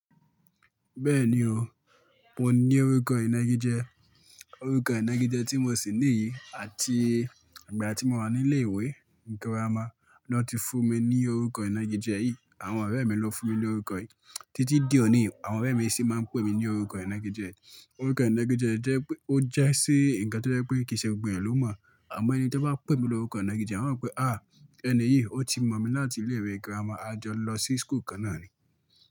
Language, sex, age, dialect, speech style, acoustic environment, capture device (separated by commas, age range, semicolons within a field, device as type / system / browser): Yoruba, male, 25-34, Nigeria - Ibadan, focused; excited; relaxed, silence; nature, mobile / Linux / Chrome